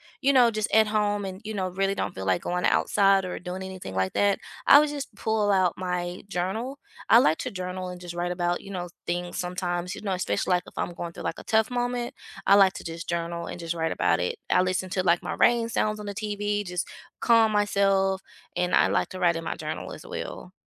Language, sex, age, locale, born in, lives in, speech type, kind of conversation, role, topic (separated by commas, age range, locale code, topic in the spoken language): English, female, 35-39, en-US, United States, United States, conversation, unstructured, none, What are your favorite ways to unwind after a busy week?